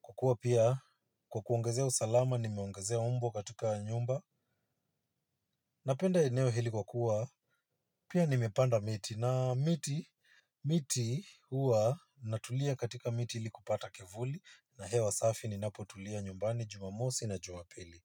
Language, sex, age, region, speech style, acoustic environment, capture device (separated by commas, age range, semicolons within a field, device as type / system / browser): Swahili, male, 25-34, Kenya, relaxed; focused, nature; silence, mobile / Linux / Chrome